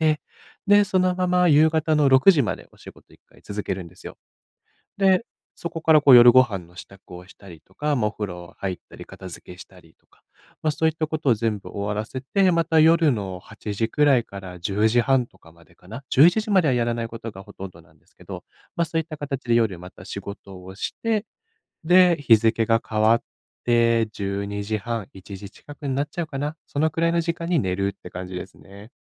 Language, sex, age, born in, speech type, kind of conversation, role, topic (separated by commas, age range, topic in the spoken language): Japanese, male, 25-29, Japan, conversation, advice, user, 忙しい日常の中で、定期的に休息の時間を確保するにはどうすればよいですか？